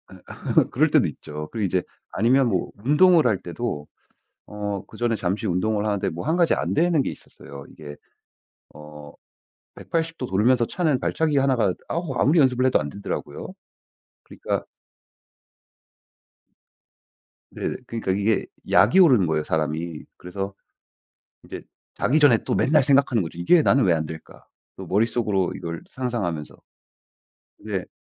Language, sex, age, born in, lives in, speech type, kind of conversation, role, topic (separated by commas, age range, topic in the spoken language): Korean, male, 35-39, United States, United States, conversation, podcast, guest, 배우는 과정에서 특히 도움이 되었던 팁이나 요령이 있으신가요?